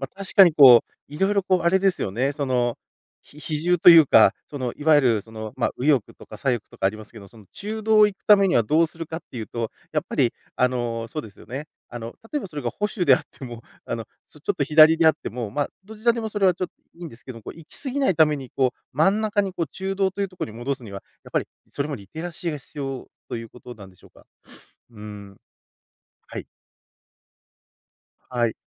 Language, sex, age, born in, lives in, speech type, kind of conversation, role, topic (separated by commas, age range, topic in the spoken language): Japanese, male, 40-44, Japan, Japan, conversation, podcast, host, フェイクニュースにどう対処していますか？